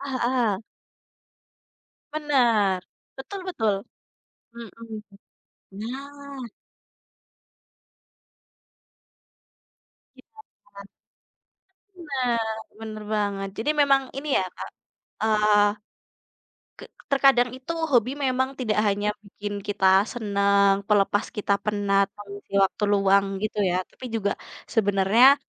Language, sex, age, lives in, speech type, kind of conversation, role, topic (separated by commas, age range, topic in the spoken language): Indonesian, female, 20-24, Indonesia, conversation, unstructured, none, Mengapa hobi terkadang bisa memicu konflik dengan keluarga atau teman?